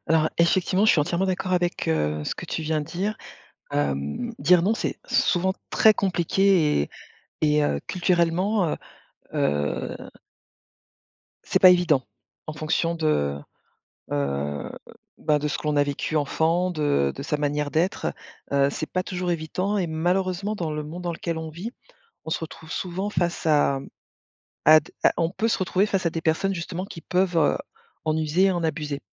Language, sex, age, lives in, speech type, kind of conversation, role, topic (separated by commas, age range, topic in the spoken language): French, female, 45-49, France, conversation, podcast, guest, Peux-tu raconter un moment où tu as dit non pour te protéger ?